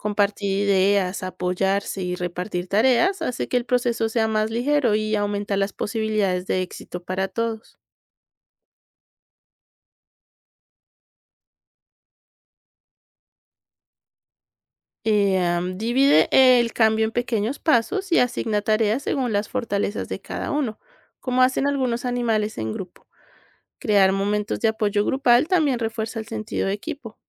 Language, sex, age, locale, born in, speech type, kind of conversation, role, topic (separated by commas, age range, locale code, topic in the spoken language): Spanish, female, 30-34, es-CO, Colombia, read, expert-assistant, expert, ¿Qué conceptos básicos de la evolución debo dominar si quiero aplicarlos en mi vida diaria o en mi trabajo?